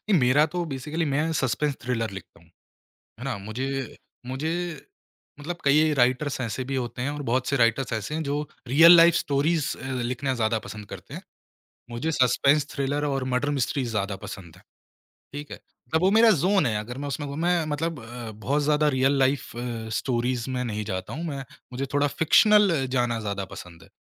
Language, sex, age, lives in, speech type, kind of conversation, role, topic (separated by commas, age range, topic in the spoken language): Hindi, male, 25-29, India, conversation, podcast, guest, Aap apni audience se kaise connect banate hain?